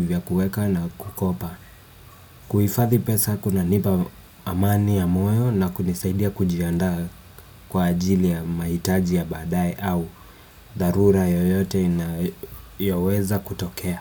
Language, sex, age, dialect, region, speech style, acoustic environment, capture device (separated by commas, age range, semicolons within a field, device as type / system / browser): Swahili, female, 35-44, Kenya - Nairobi Sheng-influenced, Kenya, nervous, appliances, desktop / Windows / Chrome